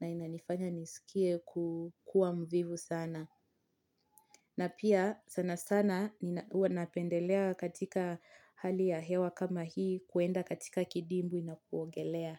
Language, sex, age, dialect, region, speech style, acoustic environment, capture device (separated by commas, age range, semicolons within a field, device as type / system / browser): Swahili, female, 25-34, Kenya - Mombasa, Kenya, relaxed, nature, mobile / Linux / Chrome